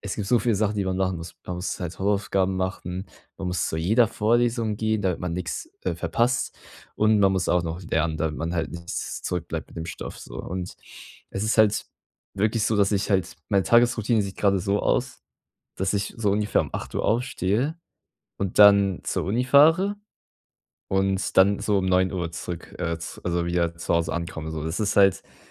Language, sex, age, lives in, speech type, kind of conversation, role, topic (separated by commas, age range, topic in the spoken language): German, male, 18-19, Germany, conversation, advice, user, Wie kann ich Pausen besser nutzen, um geistige Ermüdung zu reduzieren und nicht auszubrennen?